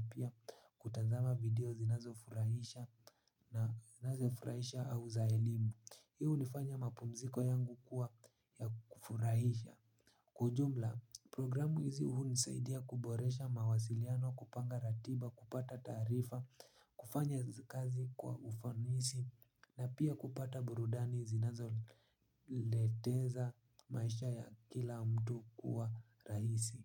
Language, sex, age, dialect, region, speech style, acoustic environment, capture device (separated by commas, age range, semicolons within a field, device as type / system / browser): Swahili, male, 18-24, Kenya - Nairobi Sheng-influenced, Kenya, relaxed, nature, mobile / Linux / Chrome